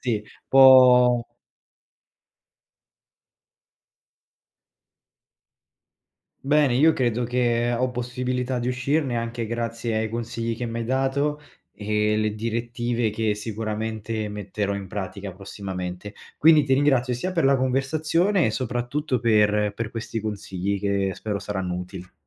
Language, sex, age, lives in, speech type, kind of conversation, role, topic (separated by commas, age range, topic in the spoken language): Italian, male, 25-29, Italy, conversation, advice, user, Come si manifesta la tua autocritica cronica che finisce per bloccare tutte le tue idee?